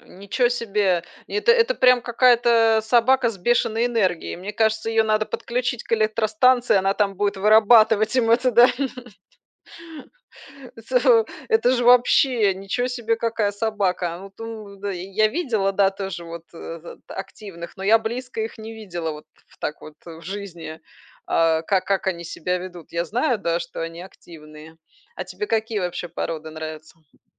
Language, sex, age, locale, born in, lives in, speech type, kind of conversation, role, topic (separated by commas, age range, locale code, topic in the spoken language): Russian, female, 40-44, ru-RU, Belarus, Spain, conversation, unstructured, none, Какой образ жизни лучше подходит активным собакам: в городе или за городом?